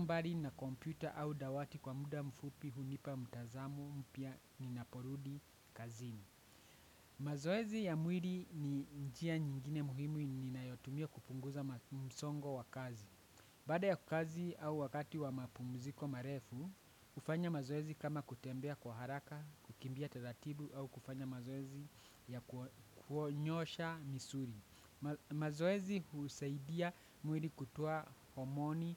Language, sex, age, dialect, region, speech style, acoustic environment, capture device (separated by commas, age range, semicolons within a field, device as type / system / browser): Swahili, male, 25-34, Kenya - Nairobi Sheng-influenced, Kenya, excited, nature, desktop / Windows / Chrome